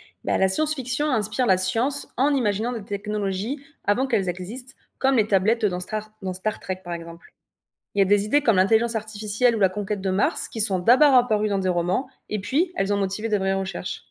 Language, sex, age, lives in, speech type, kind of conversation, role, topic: French, female, 25-29, France, read, expert-assistant, expert, Pourquoi l’exploration de l’espace nous fascine-t-elle autant, et qu’est-ce que cela dit sur notre curiosité humaine ?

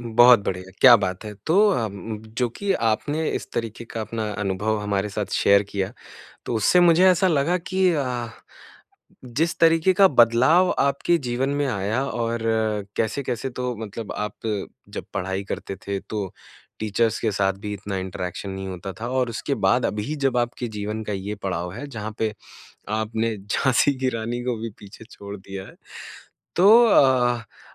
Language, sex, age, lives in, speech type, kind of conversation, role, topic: Hindi, male, 25-29, India, conversation, podcast, host, Kya kabhi kisi anjaan insaan se hui baat ne tumhari zindagi mein kuch badal diya hai?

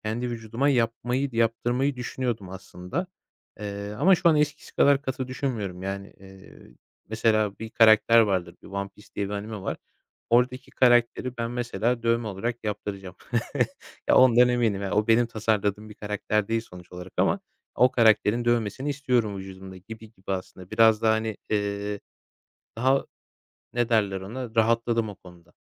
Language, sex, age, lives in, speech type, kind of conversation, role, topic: Turkish, male, 25-29, Poland, conversation, podcast, guest, Kendi sanatsal tarzını bulmak için ne tür denemeler yaparsın?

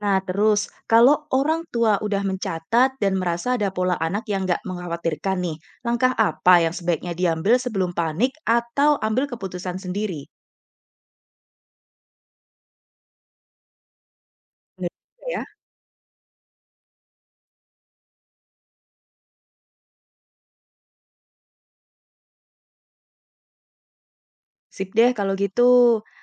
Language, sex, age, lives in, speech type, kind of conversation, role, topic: Indonesian, female, 25-29, Indonesia, read, expert-assistant, asker, Bagaimana cara menemukan informasi yang benar tentang perkembangan anak di antara banyak pendapat yang beredar?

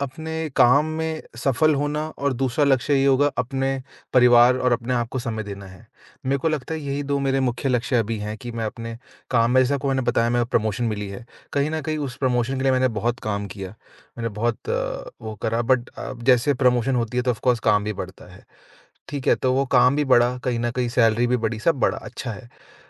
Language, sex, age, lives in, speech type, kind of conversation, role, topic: Hindi, male, 25-29, India, conversation, advice, user, खराब समय प्रबंधन की वजह से मेरे लक्ष्य पूरे क्यों नहीं हो रहे हैं?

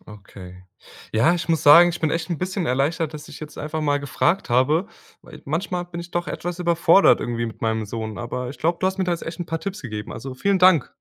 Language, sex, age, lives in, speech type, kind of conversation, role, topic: German, male, 20-24, Germany, read, expert-assistant, asker, Welche Vor- und Nachteile hat es, wenn Eltern klare Regeln vorgeben oder viel Freiheit lassen?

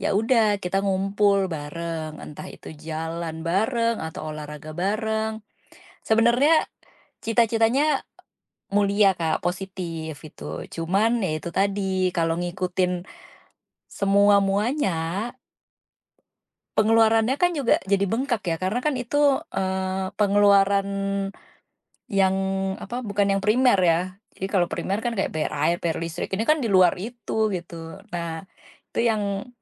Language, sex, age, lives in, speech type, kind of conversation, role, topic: Indonesian, female, 35-39, Indonesia, conversation, advice, user, Bagaimana tekanan sosial membuat pengeluaran saya menjadi semakin boros?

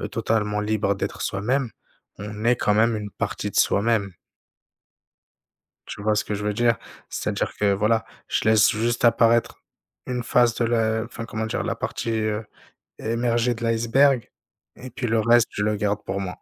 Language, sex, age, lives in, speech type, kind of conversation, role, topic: French, male, 30-34, France, conversation, unstructured, none, Est-ce que tu te sens libre d’être toi-même partout où tu vas ?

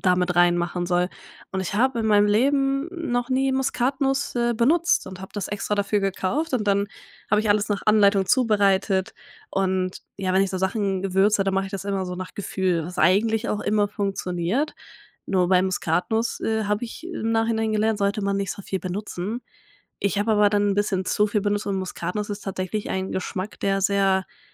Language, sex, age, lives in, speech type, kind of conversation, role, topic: German, female, 20-24, Germany, conversation, podcast, guest, Kannst du von einem Kochfiasko erzählen und wie du es gerettet hast?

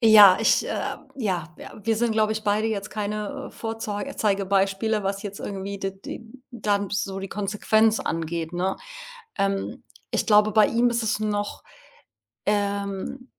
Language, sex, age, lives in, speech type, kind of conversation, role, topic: German, female, 40-44, Portugal, conversation, podcast, guest, Wie bestimmt dein Smartphone deinen Alltag?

